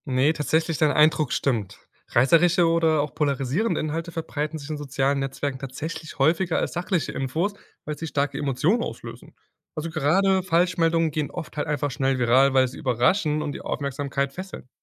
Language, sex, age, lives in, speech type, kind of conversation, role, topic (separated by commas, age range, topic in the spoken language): German, male, 20-24, Germany, read, expert-assistant, expert, Ist es wahr, dass Falschinformationen sich in digitalen Medien schneller verbreiten als korrekte Nachrichten? Was sagen aktuelle Studien dazu?